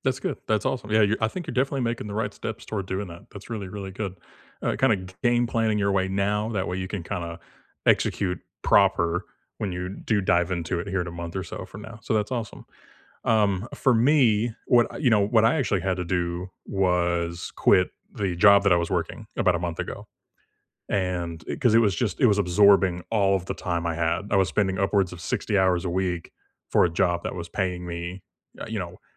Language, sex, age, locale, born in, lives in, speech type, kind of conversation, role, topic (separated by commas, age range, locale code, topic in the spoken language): English, male, 30-34, en-US, United States, United States, conversation, unstructured, none, What could you change next year to free up more time and energy for what matters most?